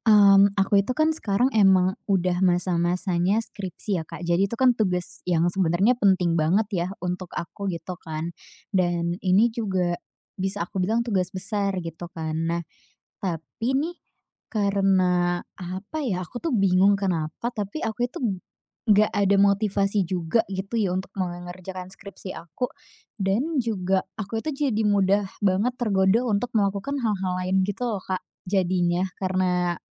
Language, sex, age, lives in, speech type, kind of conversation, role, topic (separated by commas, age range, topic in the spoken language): Indonesian, female, 20-24, Indonesia, conversation, advice, user, Bagaimana saya membangun sistem akuntabilitas yang efektif agar tidak mudah menunda tugas penting?